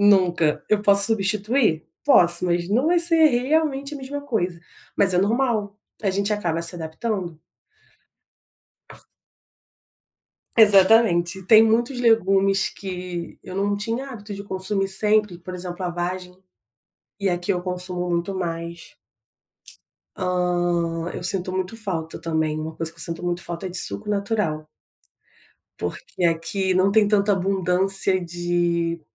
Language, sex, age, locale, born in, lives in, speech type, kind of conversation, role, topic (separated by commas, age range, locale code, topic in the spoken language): Portuguese, female, 30-34, pt-BR, Brazil, France, conversation, podcast, guest, Como a migração mudou a forma como você se alimenta?